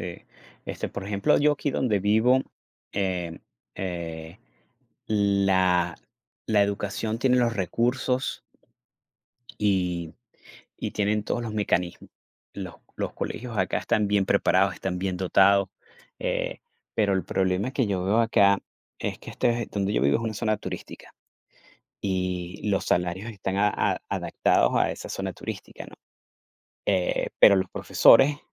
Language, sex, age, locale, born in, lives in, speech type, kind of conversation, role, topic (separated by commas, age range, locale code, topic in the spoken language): Spanish, male, 45-49, es-VE, Venezuela, United States, conversation, unstructured, none, ¿Cuánto afecta la falta de recursos a la calidad de la educación?